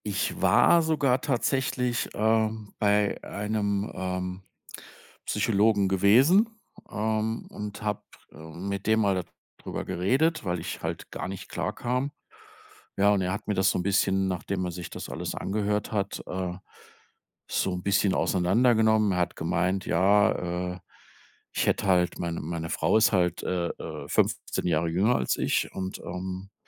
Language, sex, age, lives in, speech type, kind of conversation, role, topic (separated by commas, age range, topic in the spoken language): German, male, 55-59, Germany, conversation, advice, user, Wie kann ich nach einer Trennung abschließen und wieder bereit für Nähe sein?